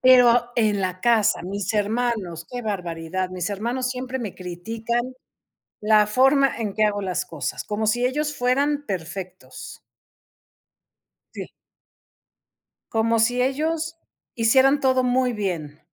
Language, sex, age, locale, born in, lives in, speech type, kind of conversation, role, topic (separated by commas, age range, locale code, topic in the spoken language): Spanish, female, 55-59, es-MX, Mexico, Mexico, conversation, unstructured, none, ¿Qué haces cuando alguien critica tu forma de hacer las cosas?